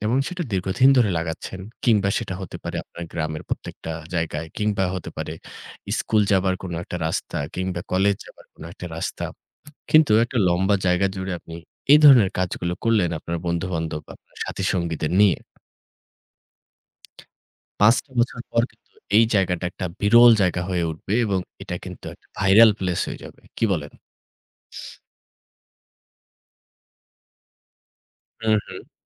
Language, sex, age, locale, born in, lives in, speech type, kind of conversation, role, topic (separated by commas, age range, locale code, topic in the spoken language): Bengali, female, 25-29, bn-BD, Bangladesh, Bangladesh, conversation, unstructured, none, সম্প্রতি কোন সামাজিক উদ্যোগ আপনাকে অনুপ্রাণিত করেছে?